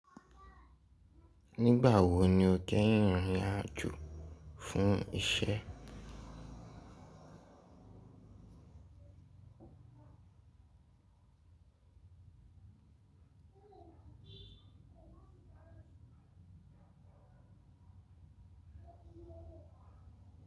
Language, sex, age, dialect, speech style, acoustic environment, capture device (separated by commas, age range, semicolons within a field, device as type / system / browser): Yoruba, male, 18-24, Nigeria - Lagos Yoruba, anxious, music, mobile / Linux / Chrome